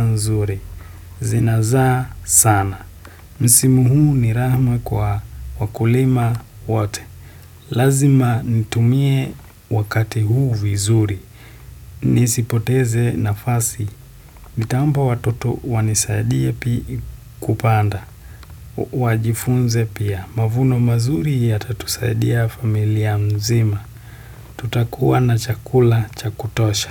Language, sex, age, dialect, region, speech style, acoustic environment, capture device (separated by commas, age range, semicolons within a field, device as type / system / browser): Swahili, male, 18-24, Kenya - Nairobi Sheng-influenced, Kenya, happy; relaxed, silence, desktop / Windows / Chrome